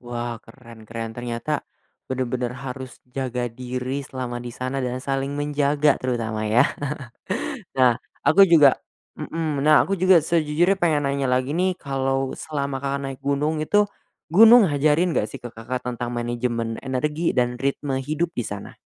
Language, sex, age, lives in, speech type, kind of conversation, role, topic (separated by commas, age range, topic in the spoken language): Indonesian, male, 20-24, Indonesia, conversation, podcast, host, Apa pelajaran hidup paling sederhana yang pernah kamu pelajari dari alam?